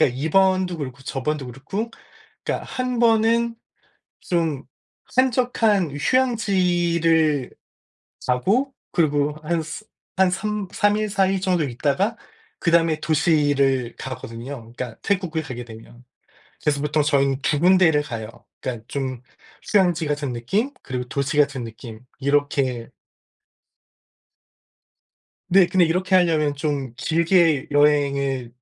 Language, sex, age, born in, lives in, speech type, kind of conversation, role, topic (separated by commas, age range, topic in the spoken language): Korean, male, 45-49, South Korea, United States, conversation, unstructured, none, 여행 계획을 바꿔야 할 때 어떻게 설득하나요?